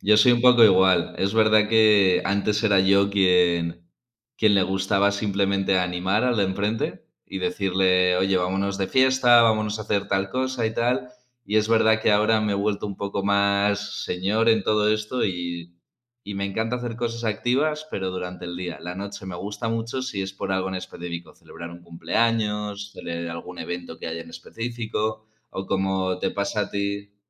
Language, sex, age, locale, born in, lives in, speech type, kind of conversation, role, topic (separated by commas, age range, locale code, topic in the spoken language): Spanish, male, 20-24, es-ES, Spain, Spain, conversation, unstructured, none, ¿Cuál es tu forma favorita de pasar tiempo con tus amigos?